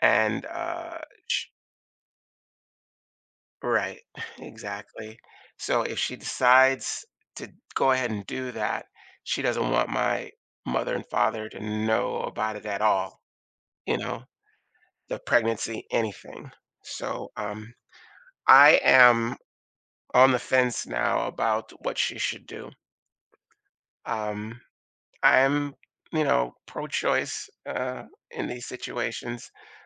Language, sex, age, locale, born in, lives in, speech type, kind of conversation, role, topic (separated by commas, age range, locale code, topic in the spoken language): English, male, 55-59, en-US, United States, United States, conversation, advice, user, How do I cope with a surprise pregnancy and figure out my next steps?